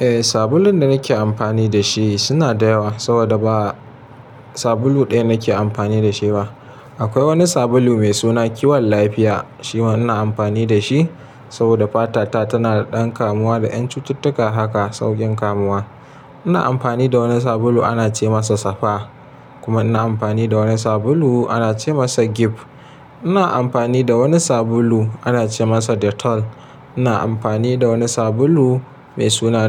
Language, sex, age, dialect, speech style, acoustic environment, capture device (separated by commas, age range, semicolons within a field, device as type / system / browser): Hausa, male, 25-34, Nigeria - Kano, happy, nature, mobile / Linux / Chrome